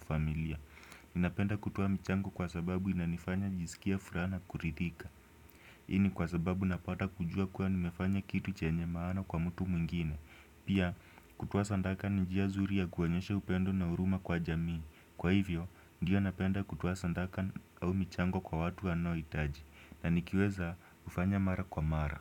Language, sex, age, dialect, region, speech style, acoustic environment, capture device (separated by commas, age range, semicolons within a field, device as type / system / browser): Swahili, male, 18-24, Kenya - Nairobi Sheng-influenced, Kenya, happy, silence, desktop / Windows / Chrome